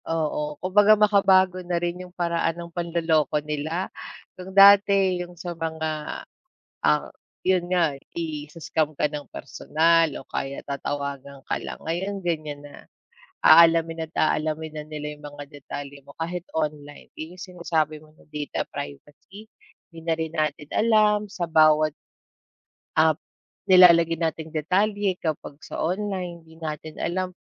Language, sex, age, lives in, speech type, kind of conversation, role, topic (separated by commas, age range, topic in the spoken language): Filipino, female, 35-39, Philippines, conversation, unstructured, none, Paano mo nakikita ang magiging hinaharap ng teknolohiya sa Pilipinas?